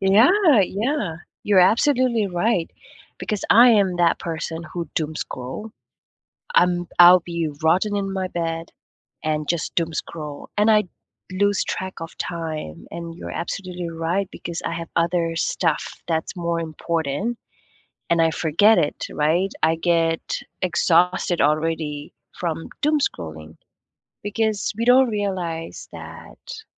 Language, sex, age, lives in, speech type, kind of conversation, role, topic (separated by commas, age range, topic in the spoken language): English, female, 25-29, United States, conversation, unstructured, none, Do you think social media does more harm than good?